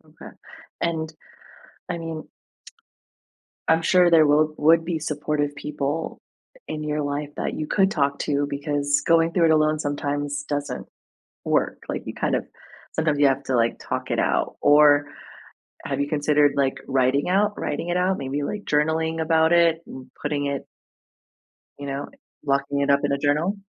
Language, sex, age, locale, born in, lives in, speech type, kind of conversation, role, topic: English, female, 30-34, en-US, United States, United States, conversation, advice, advisor, How do I cope with intense emotions and start moving on after a breakup?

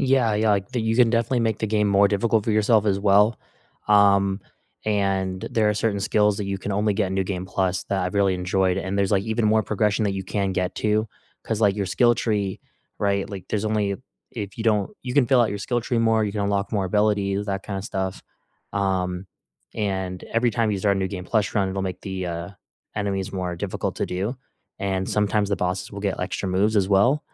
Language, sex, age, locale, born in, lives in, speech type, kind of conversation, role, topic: English, male, 20-24, en-US, United States, United States, conversation, unstructured, none, Which video game stories felt more powerful than most movies for you, and why did they stick with you?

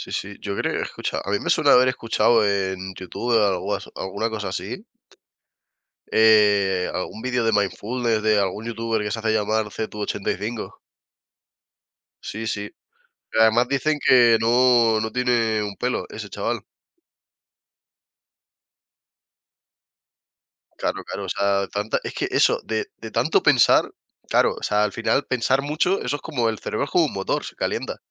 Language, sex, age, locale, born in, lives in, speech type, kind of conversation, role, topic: Spanish, male, 20-24, es-ES, Spain, Spain, conversation, unstructured, none, ¿Cuál es tu forma favorita de relajarte después de un día largo?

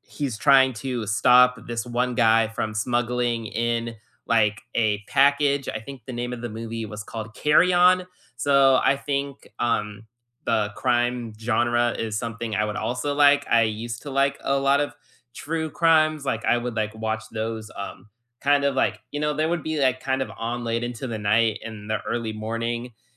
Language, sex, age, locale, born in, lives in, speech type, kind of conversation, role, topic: English, male, 20-24, en-US, United States, United States, conversation, unstructured, none, What does your ideal weekend binge-watch lineup look like, and what snacks do you reach for?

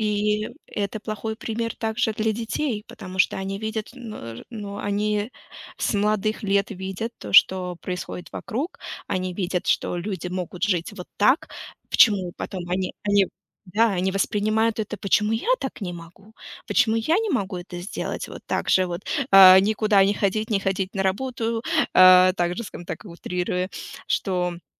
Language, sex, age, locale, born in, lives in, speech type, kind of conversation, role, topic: Russian, female, 35-39, ru-RU, Russia, United States, conversation, unstructured, none, Что важнее: личная свобода или общественный порядок?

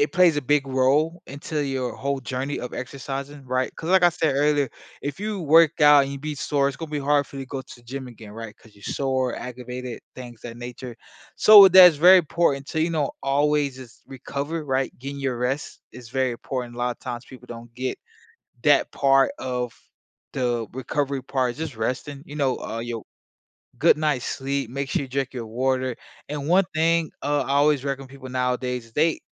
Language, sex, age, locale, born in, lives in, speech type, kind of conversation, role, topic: English, male, 25-29, en-US, United States, United States, conversation, podcast, guest, What should beginners focus on when starting an exercise routine?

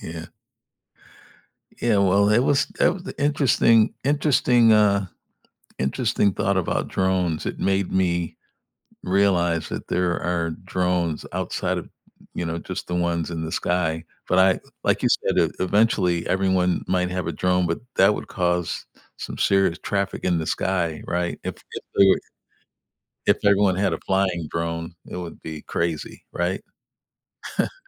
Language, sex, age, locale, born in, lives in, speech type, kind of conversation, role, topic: English, male, 65-69, en-US, United States, United States, conversation, unstructured, none, How are drones changing the way we solve everyday problems?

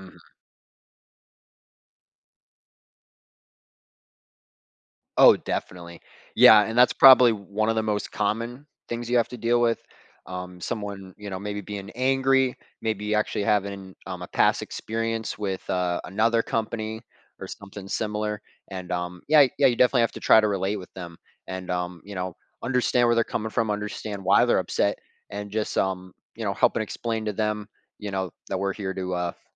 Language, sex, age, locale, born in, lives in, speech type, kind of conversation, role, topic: English, male, 20-24, en-US, United States, United States, conversation, podcast, guest, How do you handle the most difficult aspects of your work?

